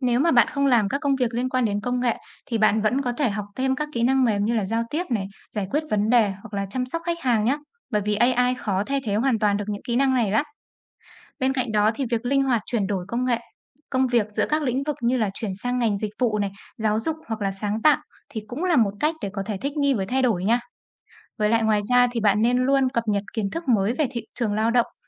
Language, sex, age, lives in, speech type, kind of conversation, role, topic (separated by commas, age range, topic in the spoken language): Vietnamese, female, 25-29, Vietnam, read, expert-assistant, expert, Tác động của công nghệ trí tuệ nhân tạo đến thị trường lao động